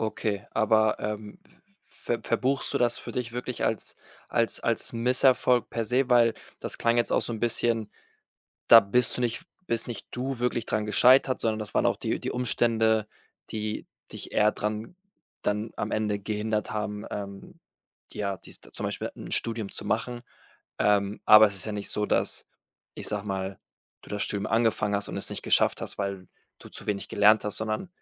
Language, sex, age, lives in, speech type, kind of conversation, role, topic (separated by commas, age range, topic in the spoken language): German, male, 25-29, Spain, conversation, podcast, host, Was bedeutet Erfolg für dich persönlich?